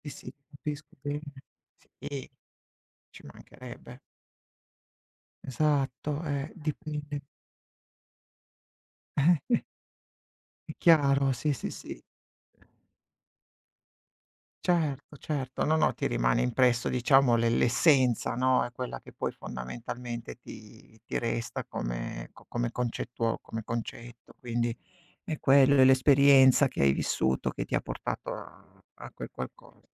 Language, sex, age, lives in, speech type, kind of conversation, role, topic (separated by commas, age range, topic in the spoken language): Italian, male, 40-44, Italy, conversation, unstructured, none, Cosa faresti se potessi rivivere un giorno della tua vita?